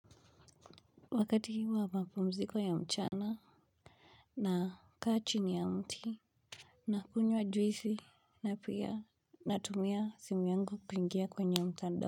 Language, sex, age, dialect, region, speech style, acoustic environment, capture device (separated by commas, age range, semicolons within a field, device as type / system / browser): Swahili, male, 18-24, Kenya - Nairobi Sheng-influenced, Kenya, focused, nature, mobile / Linux / Chrome